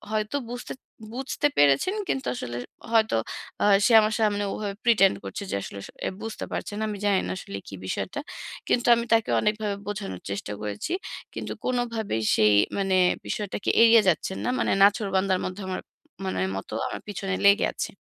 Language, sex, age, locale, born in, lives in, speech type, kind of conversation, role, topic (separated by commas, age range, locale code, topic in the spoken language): Bengali, female, 30-34, bn-BD, Bangladesh, Bangladesh, conversation, advice, user, সীমা নির্ধারণ করে গিল্ট ছাড়াই কীভাবে ‘না’ বলে বিশ্রাম নিতে পারি?